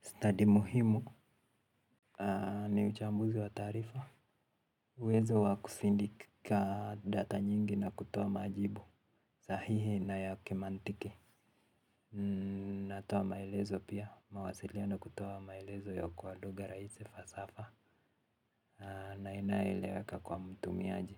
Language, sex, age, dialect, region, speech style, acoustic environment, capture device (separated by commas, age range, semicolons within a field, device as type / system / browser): Swahili, male, 18-24, Kenya - Nairobi Sheng-influenced, Kenya, relaxed; happy; focused, silence, mobile / Linux / Chrome